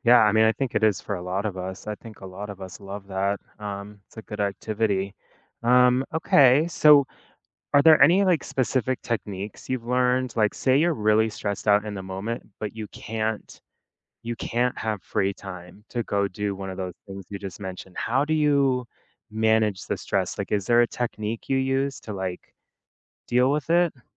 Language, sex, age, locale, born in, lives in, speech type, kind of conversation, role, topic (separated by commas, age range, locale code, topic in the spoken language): English, male, 30-34, en-US, United States, United States, conversation, podcast, host, What habits or routines help you handle everyday stress?